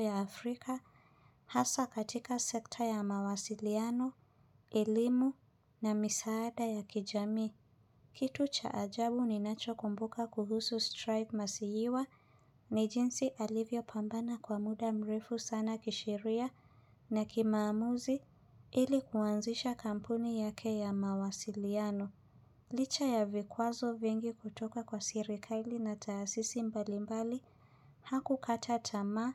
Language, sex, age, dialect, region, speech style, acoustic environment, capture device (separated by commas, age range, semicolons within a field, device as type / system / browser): Swahili, female, 25-34, Kenya - Nairobi Sheng-influenced, Kenya, happy, silence, mobile / Linux / Chrome